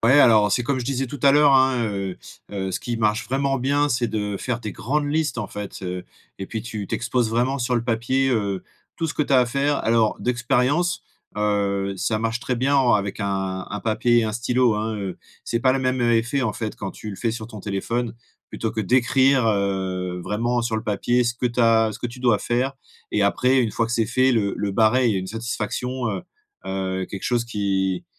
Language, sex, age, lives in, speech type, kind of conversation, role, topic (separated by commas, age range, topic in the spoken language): French, male, 35-39, France, conversation, advice, advisor, Comment créer une routine quotidienne qui renforce ma discipline ?